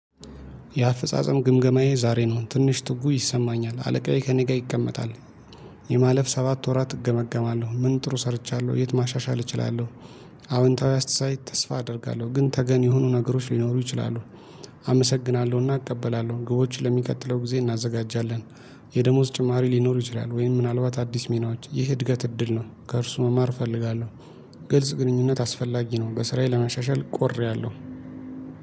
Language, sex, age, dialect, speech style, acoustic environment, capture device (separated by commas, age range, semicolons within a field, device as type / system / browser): Amharic, male, 25-34, Ethiopia - Addis Ababa, happy, nature, mobile / Linux / Chrome